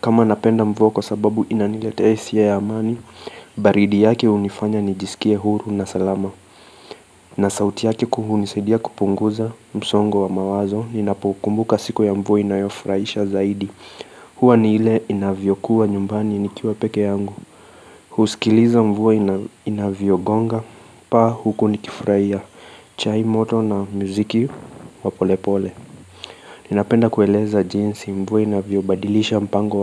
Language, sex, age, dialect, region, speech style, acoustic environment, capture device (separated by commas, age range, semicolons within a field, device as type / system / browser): Swahili, male, 25-34, Kenya - Mombasa, Kenya, focused, silence, mobile / Linux / Chrome